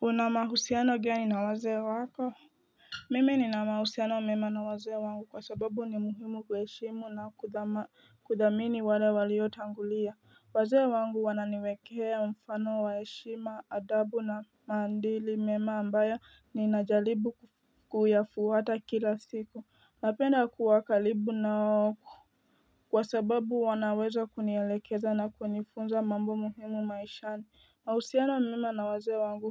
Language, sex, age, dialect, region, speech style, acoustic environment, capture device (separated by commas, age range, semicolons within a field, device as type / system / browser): Swahili, female, 18-24, Kenya - Nairobi Sheng-influenced, Kenya, tired, nature, mobile / Linux / Chrome